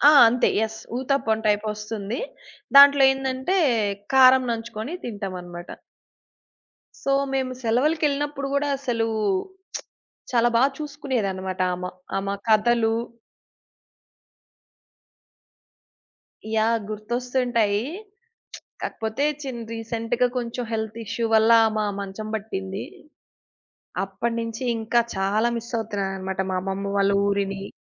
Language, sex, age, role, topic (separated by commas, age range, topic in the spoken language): Telugu, female, 30-34, guest, మీరు వృద్ధుల మాటలను ఎలా గౌరవంగా స్వీకరిస్తారు?